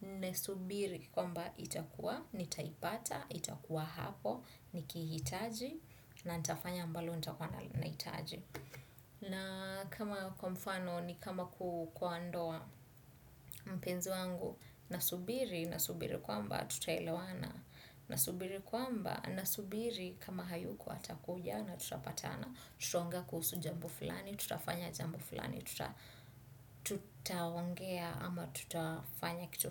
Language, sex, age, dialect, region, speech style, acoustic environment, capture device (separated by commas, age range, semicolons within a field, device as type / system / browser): Swahili, female, 25-34, Kenya - Nairobi Sheng-influenced, Kenya, focused, appliances; silence, desktop / Windows / Chrome